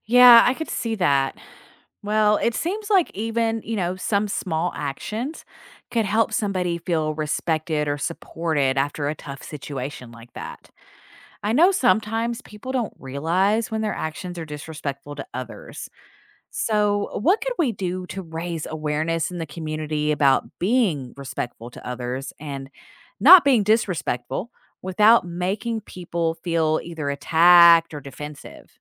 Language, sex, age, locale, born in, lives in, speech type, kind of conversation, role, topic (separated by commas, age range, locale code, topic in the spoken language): English, female, 40-44, en-US, United States, United States, read, expert-assistant, asker, How do you show respect to others in your community?